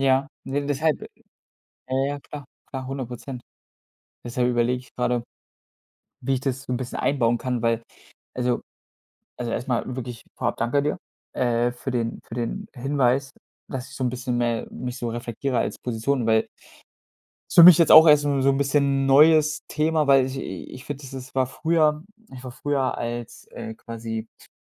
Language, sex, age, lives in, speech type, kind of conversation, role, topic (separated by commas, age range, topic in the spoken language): German, male, 25-29, Germany, conversation, advice, user, Welche Aufgaben sollte ich selbst behalten und welche sollte ich besser delegieren?